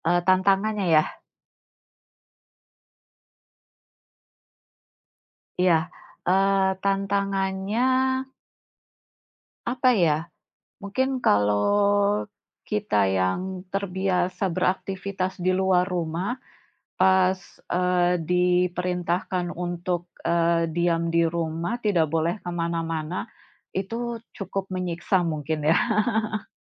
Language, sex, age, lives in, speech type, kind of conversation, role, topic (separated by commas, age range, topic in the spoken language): Indonesian, female, 40-44, Indonesia, conversation, unstructured, none, Apa dampak pandemi COVID-19 menurutmu?